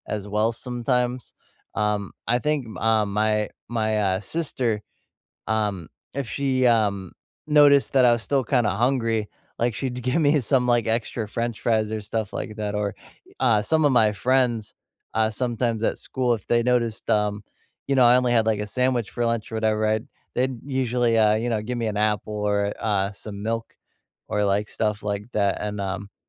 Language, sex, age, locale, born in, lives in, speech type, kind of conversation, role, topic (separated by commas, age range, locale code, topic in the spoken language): English, male, 25-29, en-US, United States, United States, conversation, unstructured, none, What small, everyday gestures make you feel appreciated, and how can we offer them more intentionally?